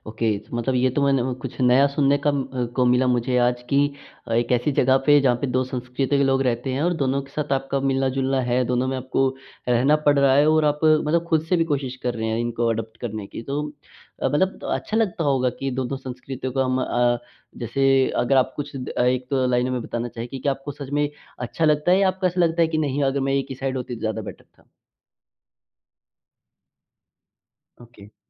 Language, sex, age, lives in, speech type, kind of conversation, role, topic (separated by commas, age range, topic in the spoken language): Hindi, male, 18-19, India, conversation, podcast, host, दो संस्कृतियों के संगीत और खाने को आप कैसे मिलाते हैं?